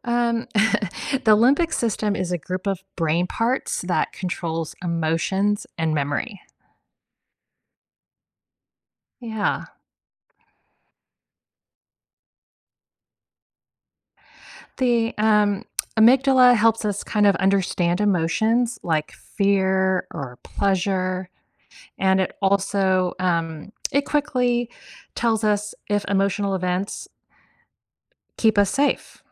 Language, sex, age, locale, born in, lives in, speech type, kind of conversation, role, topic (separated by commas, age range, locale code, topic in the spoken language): English, female, 55-59, en-US, United States, United States, read, expert-assistant, expert, How does the brain process emotions?